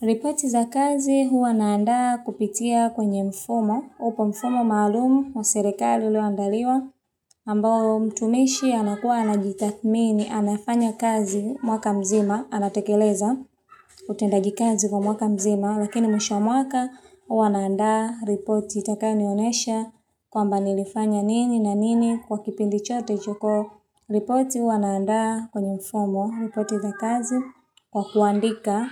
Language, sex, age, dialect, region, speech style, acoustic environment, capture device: Swahili, female, 25-34, Tanzania - Dar es Salaam, Tanzania, focused, silence, mobile / Linux / Chrome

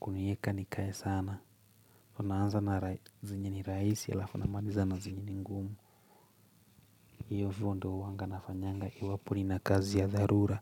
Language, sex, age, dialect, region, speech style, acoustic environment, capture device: Swahili, male, 25-34, Kenya - Nairobi Sheng-influenced, Kenya, focused; relaxed, silence, mobile / Linux / Chrome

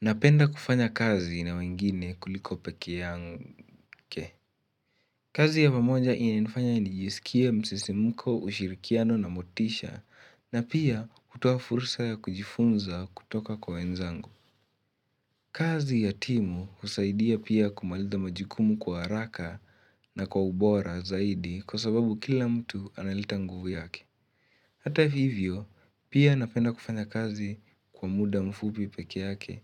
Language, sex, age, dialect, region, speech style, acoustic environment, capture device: Swahili, male, 18-24, Kenya - Nairobi Sheng-influenced, Kenya, excited, silence, mobile / Linux / Chrome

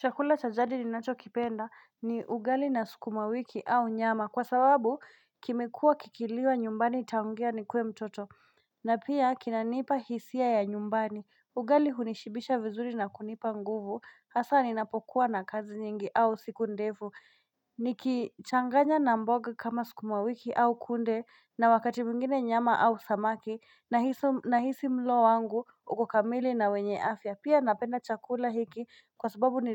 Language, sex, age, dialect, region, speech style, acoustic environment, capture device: Swahili, female, 25-34, Kenya - Nairobi Sheng-influenced, Kenya, excited, nature, mobile / Linux / Chrome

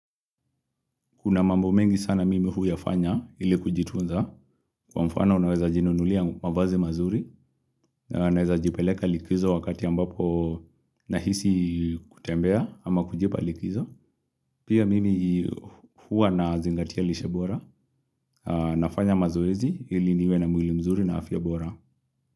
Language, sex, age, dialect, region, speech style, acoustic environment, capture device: Swahili, male, 25-34, Kenya - Nairobi Sheng-influenced, Kenya, relaxed; focused, nature; silence; appliances, mobile / Linux / Chrome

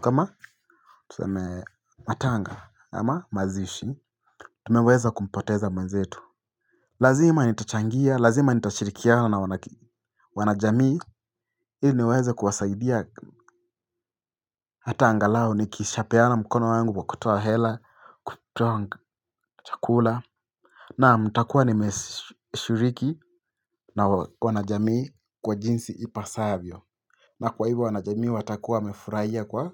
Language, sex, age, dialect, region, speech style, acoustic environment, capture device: Swahili, male, 18-24, Kenya - Mombasa, Kenya, stressed; focused, silence, mobile / Linux / Chrome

